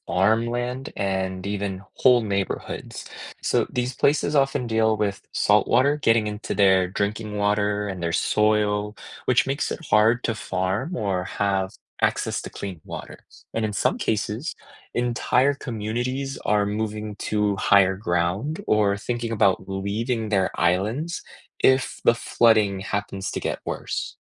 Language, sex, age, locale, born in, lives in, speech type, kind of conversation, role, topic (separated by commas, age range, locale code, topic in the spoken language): English, male, 25-29, en-US, United States, United States, read, expert-assistant, expert, What is the greenhouse effect and how does it affect climate?